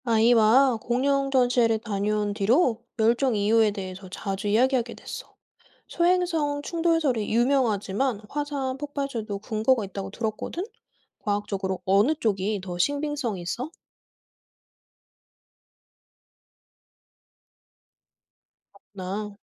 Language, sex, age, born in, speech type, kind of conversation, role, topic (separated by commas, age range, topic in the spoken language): Korean, female, 30-34, South Korea, read, expert-assistant, asker, 공룡의 멸종 원인 중, 소행성 충돌설과 화산 폭발설 중 어떤 것이 더 설득력 있는가?